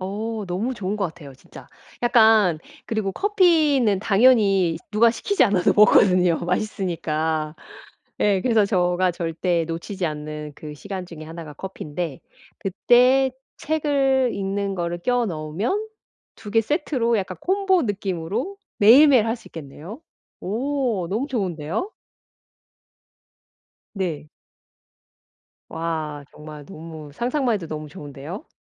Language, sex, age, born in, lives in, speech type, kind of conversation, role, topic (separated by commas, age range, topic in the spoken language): Korean, female, 45-49, South Korea, United States, conversation, advice, user, 작은 루틴을 매일 꾸준히 이어 가려면 어떻게 해야 할까요?